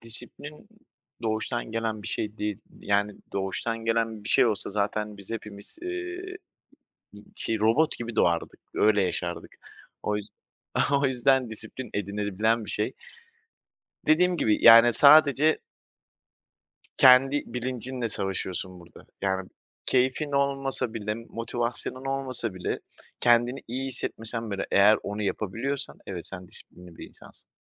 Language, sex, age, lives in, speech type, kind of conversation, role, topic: Turkish, male, 30-34, Poland, conversation, podcast, guest, Egzersizi günlük rutinin bir parçası haline getirmenin yolları nelerdir?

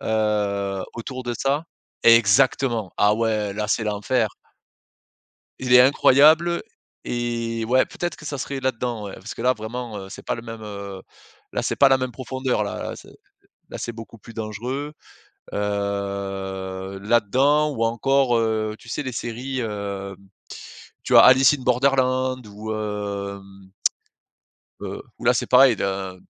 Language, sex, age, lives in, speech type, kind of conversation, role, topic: French, male, 35-39, France, conversation, podcast, guest, Quel univers de fiction aimerais-tu visiter pour de vrai ?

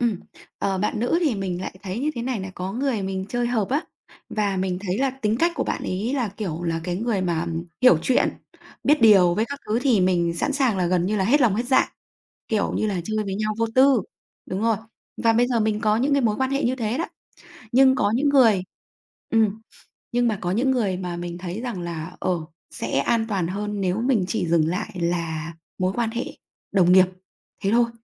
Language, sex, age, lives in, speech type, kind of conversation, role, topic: Vietnamese, female, 35-39, Vietnam, conversation, podcast, guest, Bạn đặt ranh giới trong giao tiếp như thế nào?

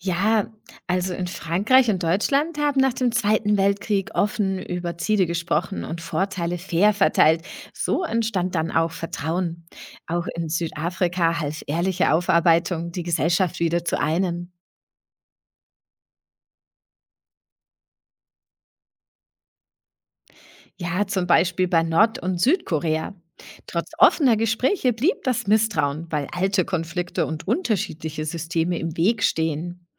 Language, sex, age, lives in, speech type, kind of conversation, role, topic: German, female, 40-44, Germany, read, expert-assistant, expert, Tragen internationale Bündnisse mehr zum Frieden bei als enge Partnerschaften zwischen wenigen Ländern?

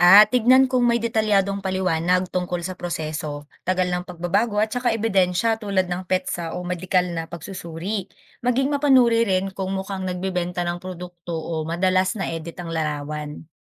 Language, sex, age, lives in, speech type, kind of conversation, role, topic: Filipino, female, 25-29, Philippines, read, expert-assistant, expert, Kapag may nababasa o naririnig kang bagong impormasyon tungkol sa kalusugan ng katawan, paano mo sinusuri kung dapat mo nga ba itong paniwalaan?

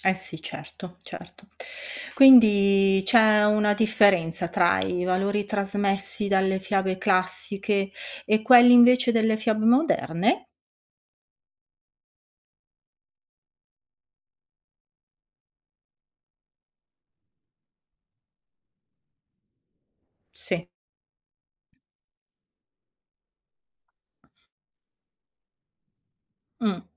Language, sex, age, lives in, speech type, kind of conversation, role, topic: Italian, female, 55-59, Italy, read, expert-assistant, asker, Come le fiabe trasmettono valori e insegnamenti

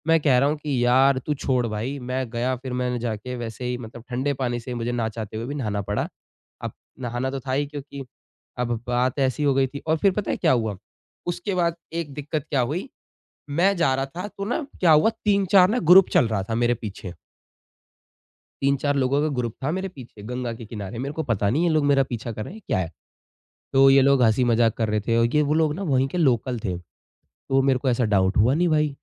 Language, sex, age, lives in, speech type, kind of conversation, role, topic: Hindi, male, 20-24, India, conversation, podcast, guest, Solo travel karte waqt aapko sabse badi challenge kya mili?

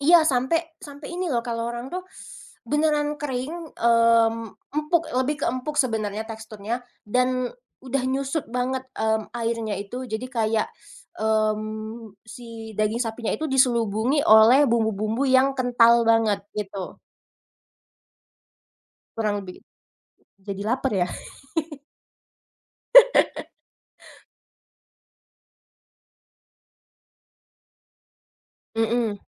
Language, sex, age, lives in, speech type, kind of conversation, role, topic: Indonesian, female, 25-29, Indonesia, conversation, podcast, guest, Ceritakan pengalaman pertama kali kamu memasak yang masih kamu ingat?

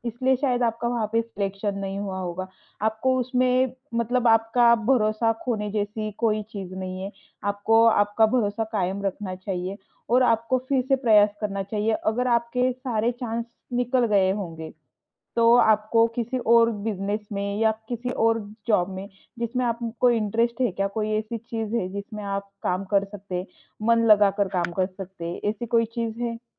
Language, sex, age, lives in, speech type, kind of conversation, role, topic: Hindi, female, 25-29, India, conversation, advice, advisor, असफलता या शर्मिंदगी के बाद क्या आपका खुद पर से भरोसा फिर से खो जाता है?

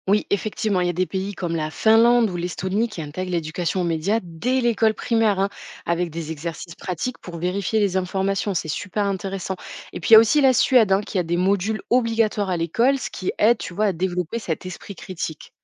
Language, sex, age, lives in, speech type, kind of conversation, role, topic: French, female, 25-29, France, read, expert-assistant, expert, Imagine que toutes les infos soient filtrées par des algorithmes : qu’est-ce que ça changerait pour toi ?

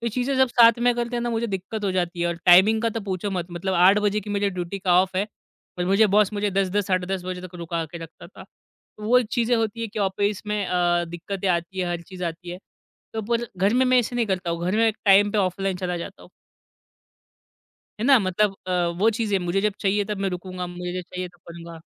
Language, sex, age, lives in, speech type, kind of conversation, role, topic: Hindi, male, 25-29, India, conversation, podcast, guest, Remote work ho ya office, boundaries set karne ke aapke simple tareeke kya hain?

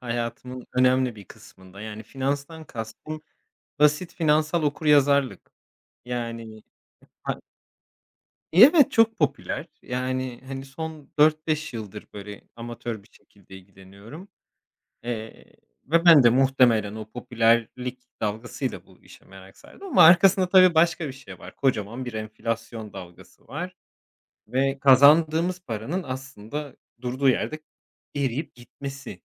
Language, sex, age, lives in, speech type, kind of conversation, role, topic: Turkish, male, 30-34, Portugal, conversation, unstructured, none, En büyük pişmanlığın nedir?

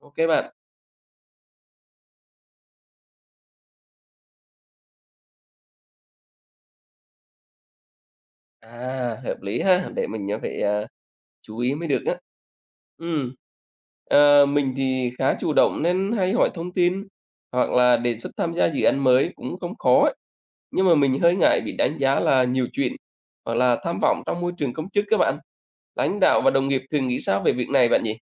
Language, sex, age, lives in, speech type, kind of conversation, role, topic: Vietnamese, male, 40-44, Vietnam, read, expert-assistant, asker, Chuyển từ công ty tư nhân sang công chức ở Việt Nam có nên không?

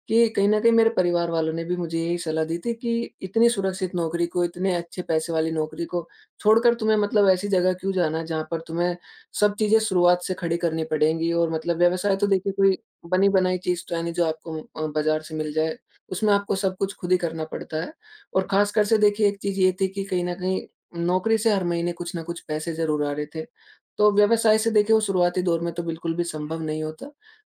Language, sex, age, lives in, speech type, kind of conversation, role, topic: Hindi, male, 20-24, India, conversation, podcast, guest, आरामदायक दायरे से बाहर निकलने का आपका तरीका क्या है?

